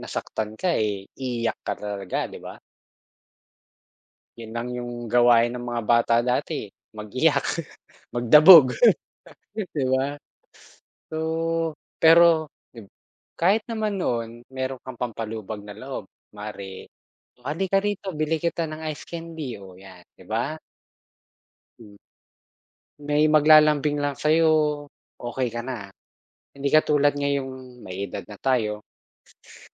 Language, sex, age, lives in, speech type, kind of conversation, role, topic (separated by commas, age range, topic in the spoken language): Filipino, male, 40-44, Philippines, conversation, unstructured, none, Paano mo nilalampasan ang mga malulungkot na araw sa buhay?